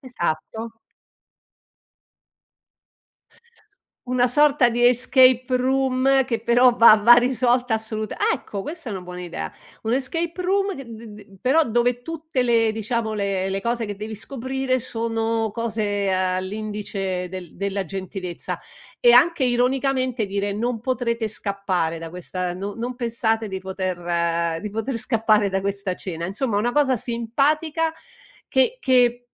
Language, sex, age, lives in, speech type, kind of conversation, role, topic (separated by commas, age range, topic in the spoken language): Italian, female, 60-64, Italy, conversation, advice, advisor, Come posso gestire tensioni e conflitti tra amici?